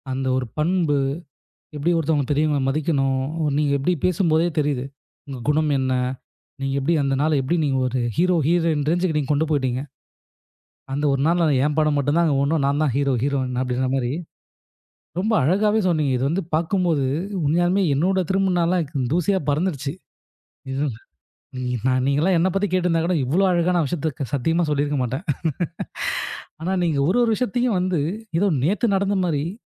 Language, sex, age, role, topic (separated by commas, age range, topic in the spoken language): Tamil, male, 25-29, host, திருமண நாள் நினைவுகள் எப்படி இருக்கும்?